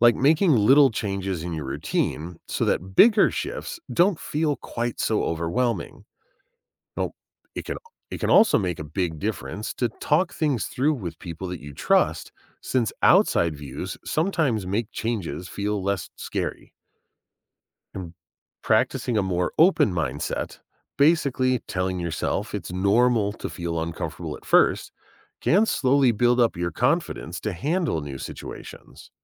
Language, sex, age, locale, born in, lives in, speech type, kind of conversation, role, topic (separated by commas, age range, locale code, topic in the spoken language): English, male, 40-44, en-US, United States, United States, read, expert-assistant, expert, Why is it important to be adaptable to change?